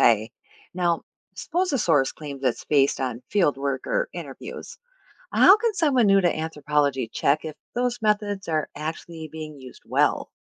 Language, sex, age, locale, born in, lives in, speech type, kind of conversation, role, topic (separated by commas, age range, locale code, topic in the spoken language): English, female, 45-49, en-US, United States, United States, read, expert-assistant, asker, How can I find trustworthy sources that show how anthropology affects everyday life?